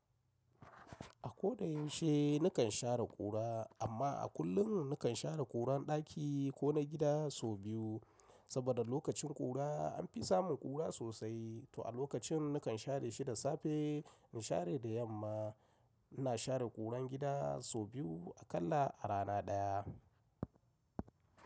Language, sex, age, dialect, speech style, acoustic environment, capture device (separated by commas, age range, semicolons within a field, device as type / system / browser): Hausa, male, 35-44, Nigeria - Kano, nervous, silence, mobile / macOS / Safari